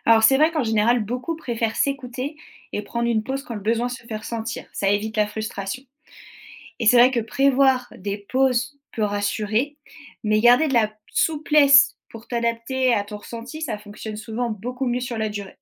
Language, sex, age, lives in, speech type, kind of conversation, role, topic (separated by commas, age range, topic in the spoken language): French, female, 30-34, France, read, expert-assistant, expert, Comment planifier mon budget pour concrétiser un grand rêve sans me priver au quotidien ?